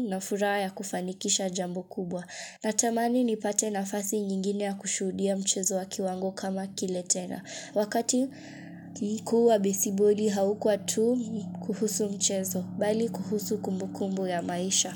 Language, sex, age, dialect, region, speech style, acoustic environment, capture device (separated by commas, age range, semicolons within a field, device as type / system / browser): Swahili, female, 25-34, Kenya - Mombasa, Kenya, focused, silence, mobile / Linux / Chrome